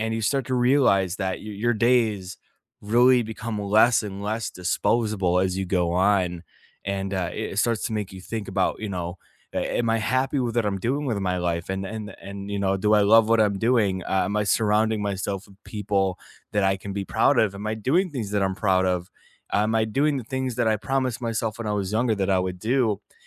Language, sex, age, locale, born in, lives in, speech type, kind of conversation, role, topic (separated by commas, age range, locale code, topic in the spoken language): English, male, 25-29, en-US, United States, United States, conversation, unstructured, none, Which soundtracks or musical scores transformed scenes for you, and why did they resonate personally?